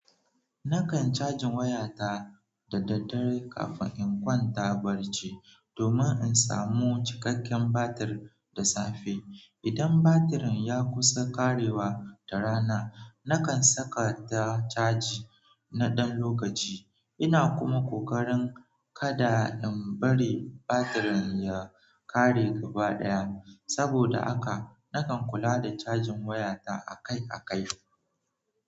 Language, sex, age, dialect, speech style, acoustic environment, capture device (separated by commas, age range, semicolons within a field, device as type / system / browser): Hausa, male, 18-24, Nigeria - Nigerian Standard, relaxed; focused, nature, mobile / Android / Chrome